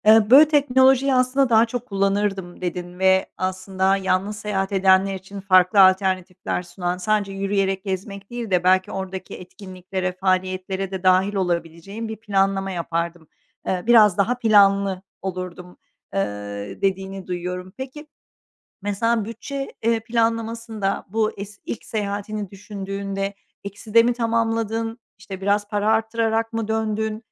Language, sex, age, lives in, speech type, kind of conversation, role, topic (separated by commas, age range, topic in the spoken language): Turkish, female, 45-49, Netherlands, conversation, podcast, host, İlk kez tek başına seyahat etmek nasıldı, anlatır mısın?